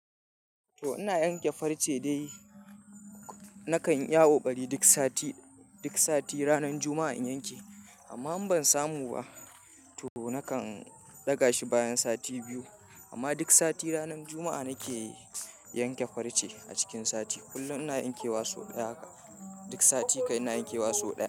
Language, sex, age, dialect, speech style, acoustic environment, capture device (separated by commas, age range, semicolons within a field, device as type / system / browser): Hausa, male, 25-34, Nigeria - Kano, happy; anxious; tired; relaxed; nervous; excited; focused; stressed, people talking; music; silence; nature; traffic; construction; appliances, mobile / Linux / Chrome